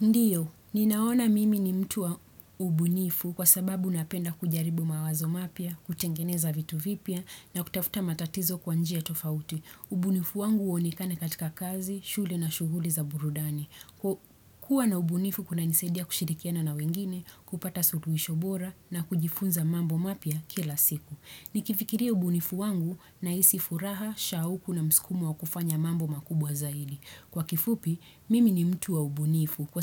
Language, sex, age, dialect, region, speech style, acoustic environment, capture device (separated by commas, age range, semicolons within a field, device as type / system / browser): Swahili, female, 25-34, Kenya - Nairobi Sheng-influenced, Kenya, happy, nature, desktop / Windows / Chrome